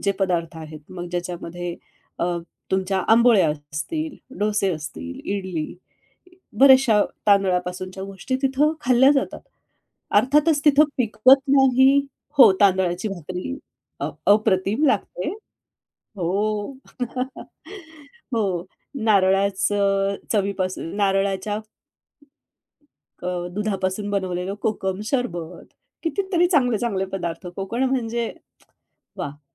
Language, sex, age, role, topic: Marathi, female, 45-49, guest, हंगामी भाज्या खाण्याचे फायदे तुम्हाला काय वाटतात?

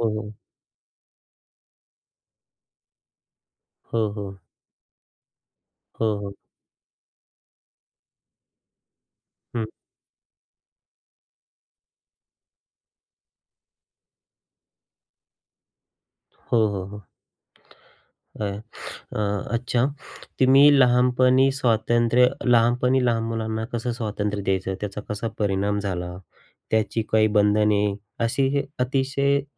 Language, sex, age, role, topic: Marathi, male, 35-39, host, लहानपणी आईवडिलांनी तुम्हाला किती स्वातंत्र्य दिलं, आणि त्याचा तुमच्यावर काय परिणाम झाला?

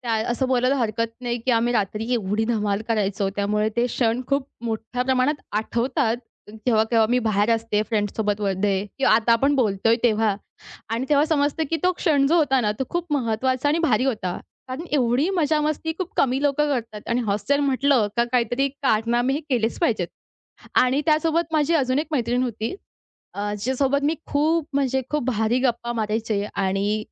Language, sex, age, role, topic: Marathi, female, 20-24, guest, रात्रीच्या आकाशाखाली घडलेली एखादी आठवण तुम्ही सांगाल का?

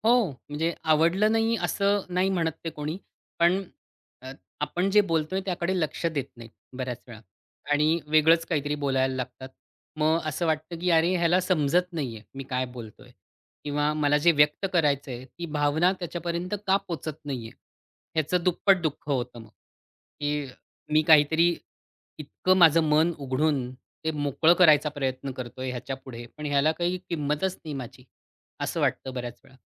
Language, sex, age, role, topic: Marathi, male, 40-44, guest, एकटेपणा कमी करण्यासाठी तुम्हाला कोणते उपाय सुचतात?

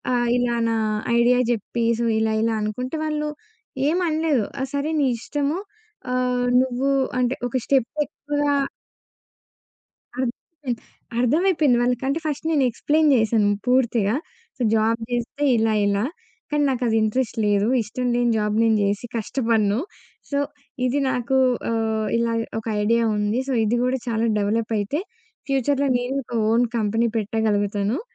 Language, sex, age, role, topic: Telugu, female, 20-24, guest, వ్యక్తిగత సమస్యల విషయంలో ఆన్‌లైన్‌ సహాయం కంటే ముఖాముఖి సహాయమే మీకు మెరుగ్గా అనిపిస్తుందా?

